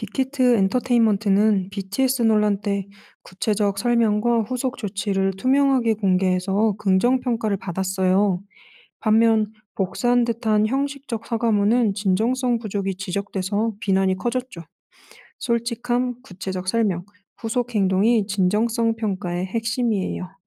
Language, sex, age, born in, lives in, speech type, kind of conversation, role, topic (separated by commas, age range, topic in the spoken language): Korean, female, 35-39, South Korea, France, read, expert-assistant, expert, 연예인이나 기업이 위기 상황에서 내놓는 공식 사과문, 정말 이미지 회복에 효과가 있을까요?